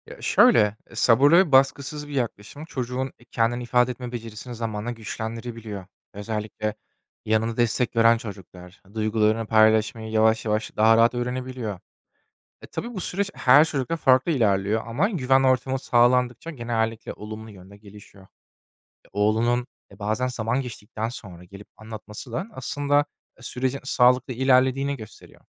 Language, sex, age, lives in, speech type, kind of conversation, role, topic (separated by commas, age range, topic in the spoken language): Turkish, male, 25-29, Germany, read, expert-assistant, expert, Çocukların duygularını ifade etmelerine nasıl yardımcı olabilirim?